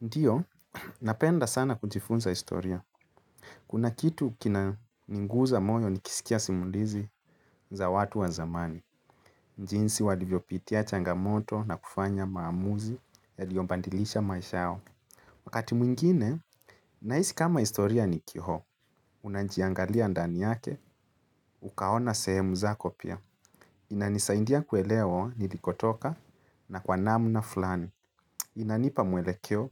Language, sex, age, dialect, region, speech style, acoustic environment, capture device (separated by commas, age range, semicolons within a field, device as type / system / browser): Swahili, male, 25-34, Kenya - Nairobi Sheng-influenced, Kenya, relaxed, nature; silence, mobile / Linux / Chrome